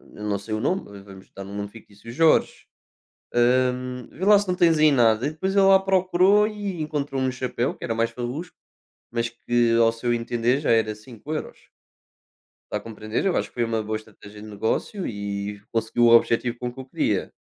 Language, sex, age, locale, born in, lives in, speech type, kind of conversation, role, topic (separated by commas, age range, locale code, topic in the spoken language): Portuguese, male, 20-24, pt-PT, Portugal, Portugal, conversation, unstructured, none, É correto tentar negociar sempre que algo parece caro?